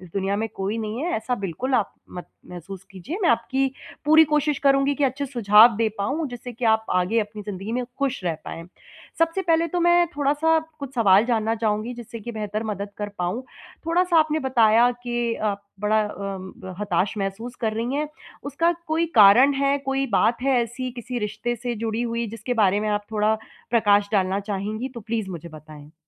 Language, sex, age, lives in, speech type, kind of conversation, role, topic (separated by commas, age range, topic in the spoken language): Hindi, female, 30-34, India, conversation, advice, advisor, खोई हुई उम्मीदों के शोक को मैं कैसे सँभालूँ और आगे कैसे बढ़ूँ?